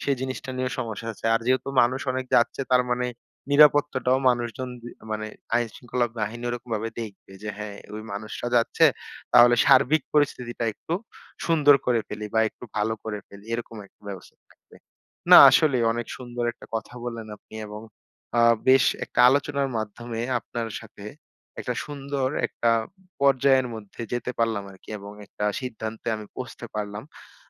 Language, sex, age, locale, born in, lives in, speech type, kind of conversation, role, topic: Bengali, male, 25-29, bn-BD, Bangladesh, Bangladesh, conversation, advice, user, পরিকল্পনা বদলে গেলে ভ্রমণ কীভাবে সামলাবেন?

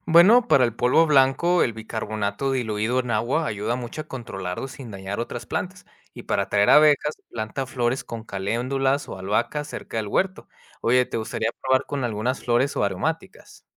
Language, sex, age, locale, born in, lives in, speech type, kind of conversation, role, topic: Spanish, male, 30-34, es-MX, United States, Mexico, read, expert-assistant, expert, ¿Cómo puedo evitar que las plagas arruinen mis plantas sin usar productos químicos?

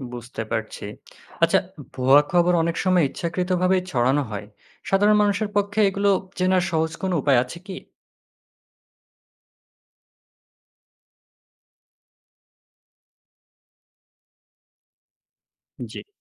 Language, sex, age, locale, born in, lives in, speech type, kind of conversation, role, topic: Bengali, male, 25-29, bn-BD, Bangladesh, Bangladesh, read, expert-assistant, asker, সামাজিক কাজে নানা তথ্য আসে; কীভাবে বুঝবেন কোনটা বিশ্বাসযোগ্য আর কোনটা নয়?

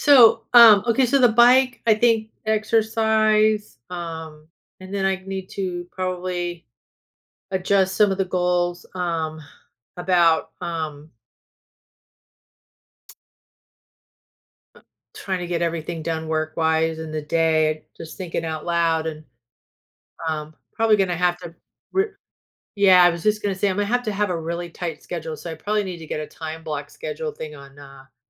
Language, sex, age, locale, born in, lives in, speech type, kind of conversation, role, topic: English, female, 55-59, en-US, United States, United States, conversation, advice, user, How do I clarify my core values and turn them into achievable goals?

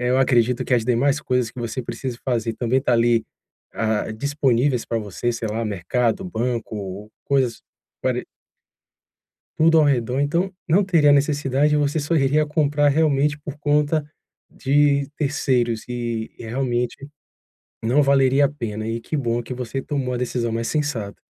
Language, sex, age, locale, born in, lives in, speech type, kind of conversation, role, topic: Portuguese, male, 40-44, pt-BR, Brazil, Portugal, conversation, advice, advisor, Como posso equilibrar minhas metas pessoais com as expectativas dos outros?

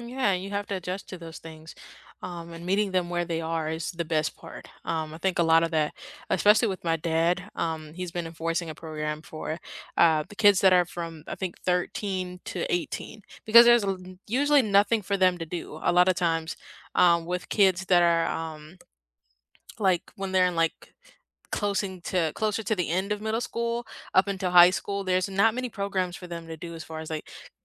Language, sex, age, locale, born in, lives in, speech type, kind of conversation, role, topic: English, female, 18-19, en-US, United States, United States, conversation, unstructured, none, Which video games best bring friends together, whether you’re playing online or on the couch?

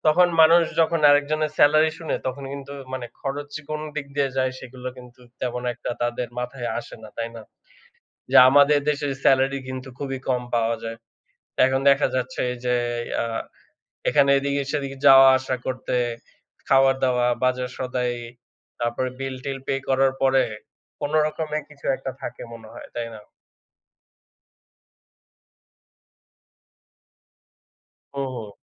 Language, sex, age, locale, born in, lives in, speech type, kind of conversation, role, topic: Bengali, male, 25-29, bn-BD, Bangladesh, Bangladesh, conversation, unstructured, none, কেন অনেক মানুষ টাকা নিয়ে সত্য কথা বলতে অনীহা বোধ করেন?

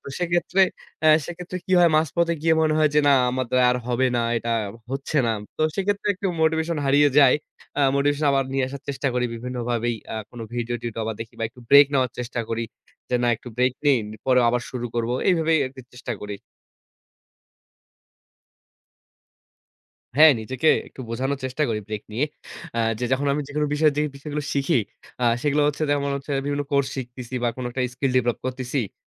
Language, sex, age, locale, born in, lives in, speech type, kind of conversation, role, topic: Bengali, male, 25-29, bn-BD, Bangladesh, Bangladesh, conversation, podcast, guest, অনলাইনে পড়াশোনা তোমার কাছে কেমন লেগেছে?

